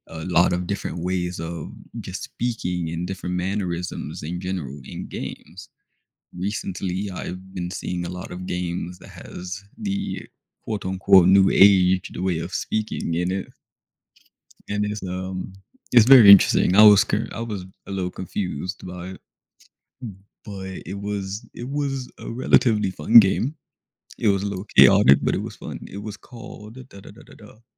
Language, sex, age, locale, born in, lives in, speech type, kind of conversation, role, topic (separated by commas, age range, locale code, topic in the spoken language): English, male, 20-24, en-US, United States, United States, conversation, unstructured, none, How can playing video games help people learn about different cultures and perspectives?